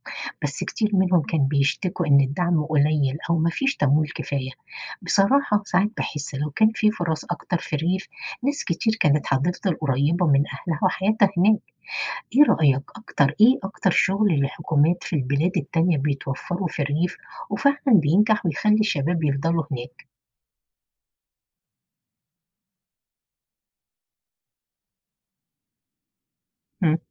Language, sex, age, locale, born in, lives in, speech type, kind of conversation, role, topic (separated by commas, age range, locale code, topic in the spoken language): Arabic, female, 50-54, ar-EG, Egypt, United States, read, expert-assistant, asker, هل ممكن فعلاً يكون في عدل اقتصادي بين الريف والحضر، ولا دي فكرة مثالية؟